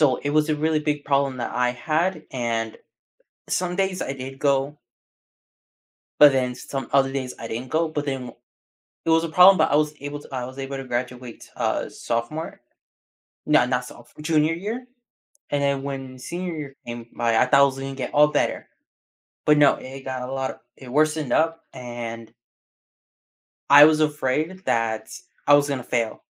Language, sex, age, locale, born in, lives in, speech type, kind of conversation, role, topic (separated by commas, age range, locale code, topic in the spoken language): English, male, 18-19, en-US, United States, United States, conversation, podcast, guest, How do you handle setbacks?